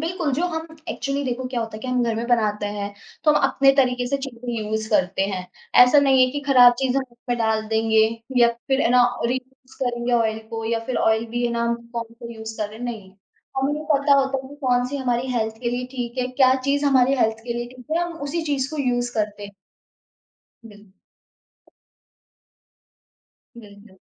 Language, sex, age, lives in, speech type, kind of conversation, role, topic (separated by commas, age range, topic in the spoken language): Hindi, female, 20-24, India, conversation, podcast, guest, Street food ya home cooking mein tum kya explore karte ho?